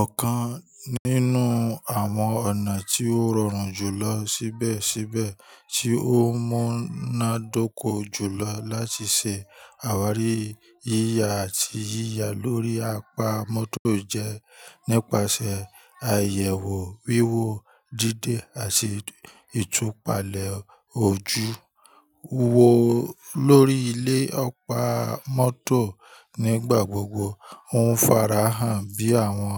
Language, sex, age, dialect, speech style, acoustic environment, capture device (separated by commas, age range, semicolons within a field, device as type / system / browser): Yoruba, male, 25-34, Nigeria - Ibadan, happy; relaxed, people talking; nature, mobile / Linux / Chrome